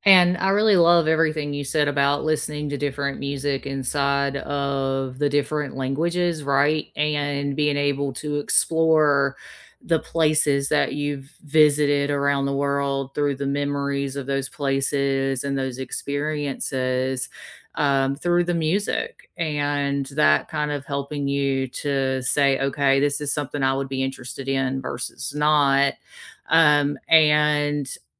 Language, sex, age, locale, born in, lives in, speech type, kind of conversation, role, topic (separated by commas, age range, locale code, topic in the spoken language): English, female, 40-44, en-US, United States, United States, conversation, unstructured, none, How do you discover new music through playlists, algorithms, or friends?